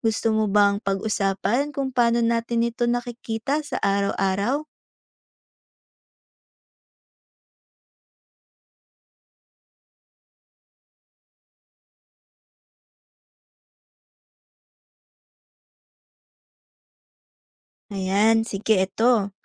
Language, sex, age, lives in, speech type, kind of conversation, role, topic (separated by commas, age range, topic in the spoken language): Filipino, female, 20-24, Philippines, read, expert-assistant, expert, Paano nakakatulong ang pag-aaral ng wikang Filipino sa pagkakaisa ng bansa?